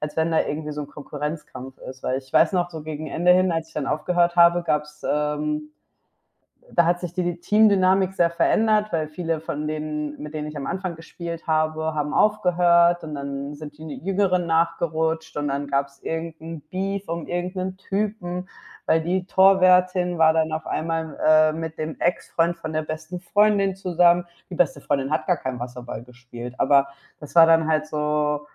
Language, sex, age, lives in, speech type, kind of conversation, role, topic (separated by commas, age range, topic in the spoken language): German, female, 25-29, Spain, conversation, unstructured, none, Kannst du eine Geschichte erzählen, die mit deinem Hobby zu tun hat?